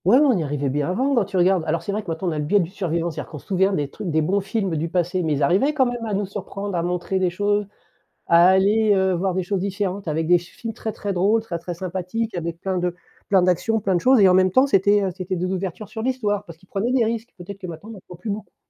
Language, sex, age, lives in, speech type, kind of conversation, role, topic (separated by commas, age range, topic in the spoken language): French, male, 50-54, France, conversation, unstructured, none, Comment l’histoire peut-elle nous aider à éviter de répéter les erreurs du passé ?